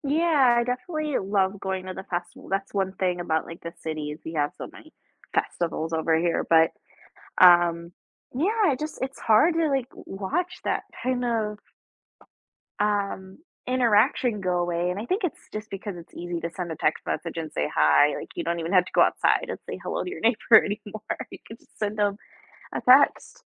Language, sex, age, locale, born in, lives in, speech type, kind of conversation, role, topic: English, female, 20-24, en-US, United States, United States, conversation, unstructured, none, How can you explore nearby in smart, low-impact ways that build local connections?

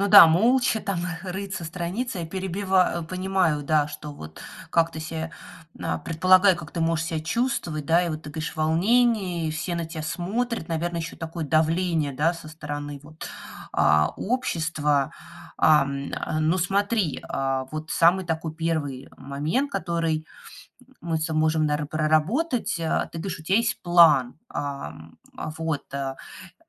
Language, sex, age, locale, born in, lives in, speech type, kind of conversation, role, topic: Russian, female, 40-44, ru-RU, Russia, United States, conversation, advice, advisor, Как вы можете описать свой страх выступать перед аудиторией на работе или в обществе?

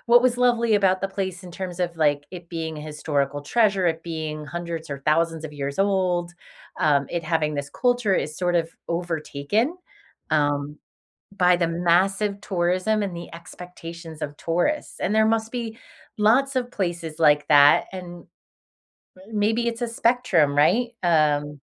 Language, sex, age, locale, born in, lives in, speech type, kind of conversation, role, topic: English, female, 45-49, en-US, United States, United States, conversation, unstructured, none, Do you think tourism helps or harms local communities?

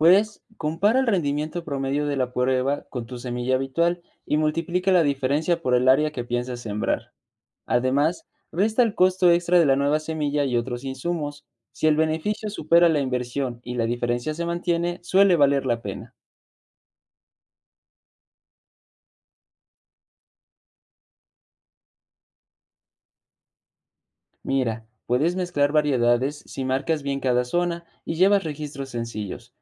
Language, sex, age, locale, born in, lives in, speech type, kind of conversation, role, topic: Spanish, male, 20-24, es-MX, Mexico, Mexico, read, expert-assistant, expert, ¿Cómo puedo validar si una innovación agrícola, como semillas resistentes, realmente funciona antes de probarla?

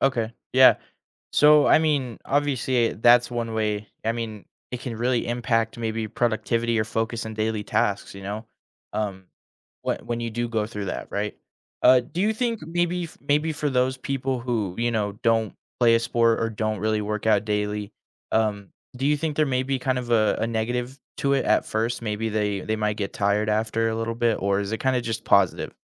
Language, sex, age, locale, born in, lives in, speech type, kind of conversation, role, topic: English, male, 20-24, en-US, United States, United States, conversation, podcast, host, How can being involved in sports shape different aspects of your everyday life?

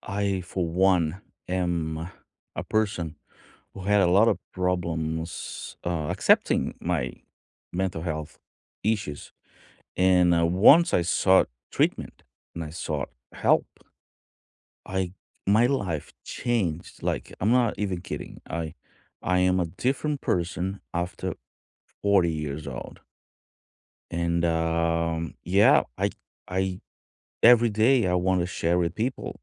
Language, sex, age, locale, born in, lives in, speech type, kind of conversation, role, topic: English, male, 45-49, en-BR, Brazil, United States, conversation, unstructured, none, What do you think about the stigma around mental health?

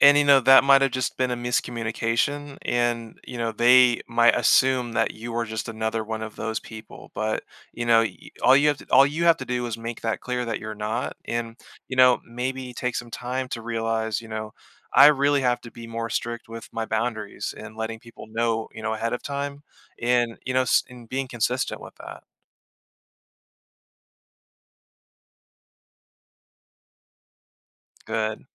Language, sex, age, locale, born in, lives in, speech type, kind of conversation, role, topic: English, male, 30-34, en-US, Germany, United States, conversation, advice, advisor, How can I cope after a recent breakup?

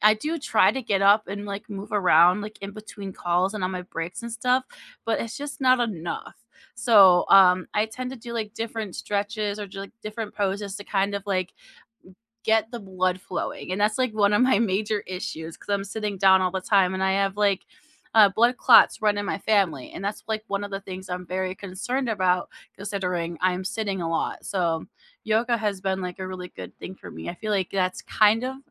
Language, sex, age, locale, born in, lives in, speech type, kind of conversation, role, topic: English, female, 30-34, en-US, United States, United States, conversation, unstructured, none, What is your favorite way to recharge after a long week?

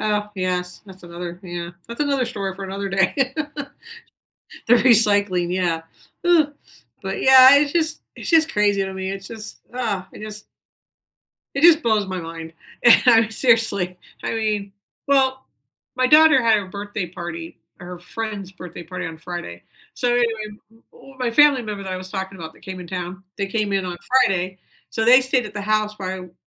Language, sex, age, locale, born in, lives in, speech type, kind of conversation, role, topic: English, female, 55-59, en-US, United States, United States, conversation, unstructured, none, What small daily ritual makes your day better?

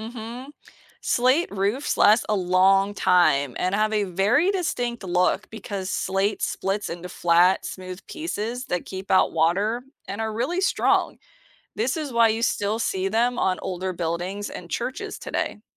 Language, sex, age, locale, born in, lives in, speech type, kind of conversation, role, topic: English, female, 35-39, en-US, United States, United States, read, expert-assistant, expert, What are the main stages of the rock cycle?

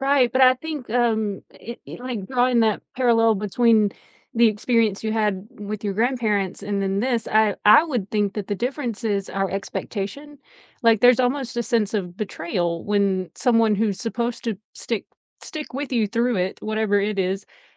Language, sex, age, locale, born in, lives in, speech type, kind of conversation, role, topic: English, female, 40-44, en-US, United States, United States, conversation, unstructured, none, How do you manage the pain when grief feels unfair?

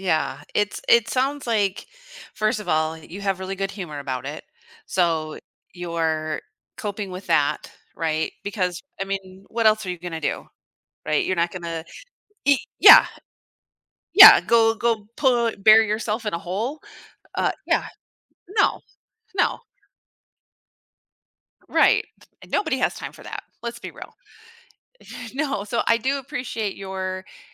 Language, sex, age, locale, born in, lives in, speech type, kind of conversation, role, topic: English, female, 40-44, en-US, United States, United States, conversation, advice, advisor, How can I manage anxiety about starting high school?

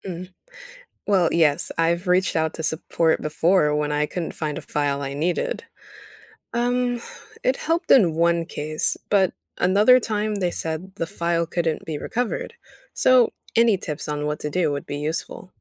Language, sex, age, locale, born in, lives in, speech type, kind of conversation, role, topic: English, female, 18-19, en-US, United States, United States, read, expert-assistant, asker, What is cloud computing and how does it store your files online?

